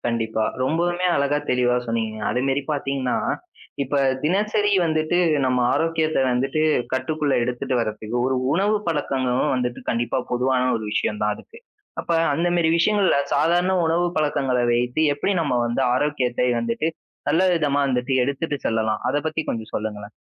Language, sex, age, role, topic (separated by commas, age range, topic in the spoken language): Tamil, male, 20-24, host, ஒரு ஆரோக்கியமான தினசரி நடைமுறையை எப்படி தொடங்கலாம்?